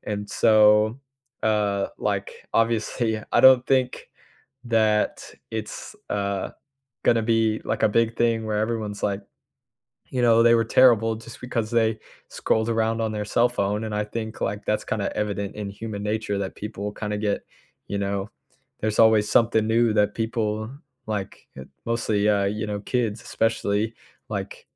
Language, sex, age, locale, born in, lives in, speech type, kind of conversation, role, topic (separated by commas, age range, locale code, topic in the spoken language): English, male, 18-19, en-US, United States, United States, conversation, unstructured, none, How does history illustrate the dangers of ignoring warning signs?